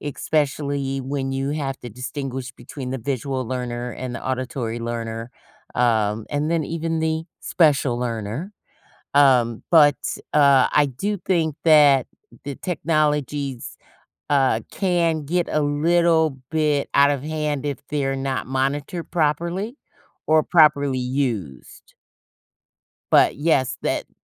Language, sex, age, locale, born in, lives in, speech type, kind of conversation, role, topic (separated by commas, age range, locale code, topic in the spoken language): English, female, 55-59, en-US, United States, United States, conversation, podcast, guest, What makes students feel inspired and engaged in the classroom?